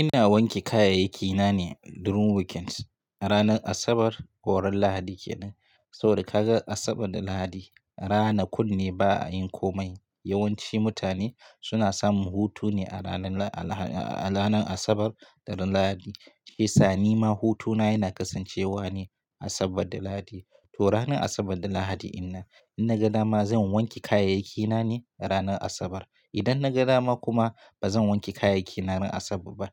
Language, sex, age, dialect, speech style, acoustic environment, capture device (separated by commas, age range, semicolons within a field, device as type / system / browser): Hausa, male, 25-34, Nigeria - Katsina, relaxed, silence, mobile / Linux / Chrome